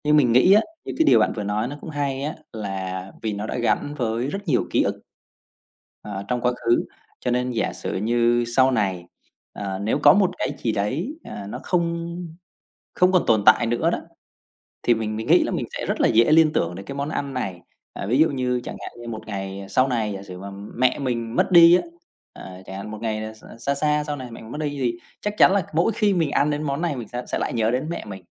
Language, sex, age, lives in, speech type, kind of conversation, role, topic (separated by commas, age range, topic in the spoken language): Vietnamese, male, 30-34, Vietnam, conversation, podcast, guest, Món ăn gia truyền đã ảnh hưởng đến bạn như thế nào?